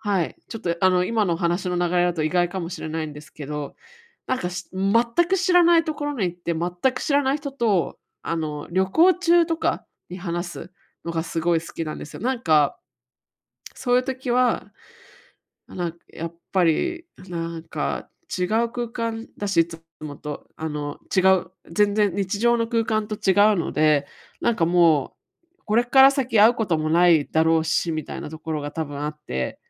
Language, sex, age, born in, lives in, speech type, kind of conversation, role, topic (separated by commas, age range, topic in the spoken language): Japanese, female, 35-39, Japan, United States, conversation, advice, user, どうすれば初対面や初デートで会話を自然に続けられますか？